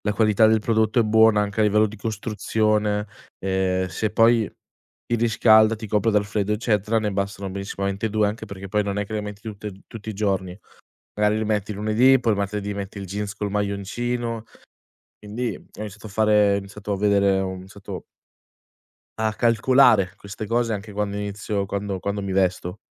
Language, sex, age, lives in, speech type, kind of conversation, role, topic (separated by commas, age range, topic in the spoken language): Italian, male, 20-24, Italy, conversation, podcast, guest, Quanto conta per te la sostenibilità nella moda?